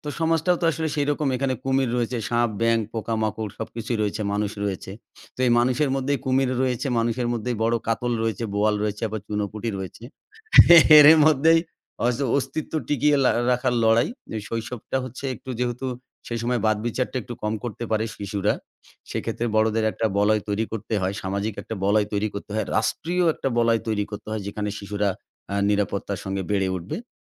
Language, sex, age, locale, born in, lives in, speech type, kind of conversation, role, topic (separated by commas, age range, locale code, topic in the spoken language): Bengali, male, 40-44, bn-BD, Bangladesh, Bangladesh, conversation, podcast, guest, আপনার শৈশবে আপনি কতটা নিরাপদ বোধ করতেন?